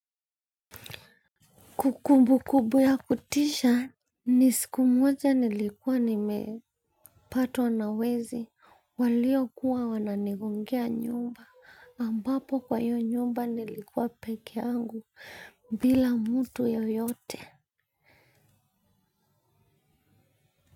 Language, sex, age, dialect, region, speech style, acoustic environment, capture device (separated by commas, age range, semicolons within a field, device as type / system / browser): Swahili, female, 25-34, Kenya - Nairobi Sheng-influenced, Kenya, anxious, nature, mobile / Linux / Chrome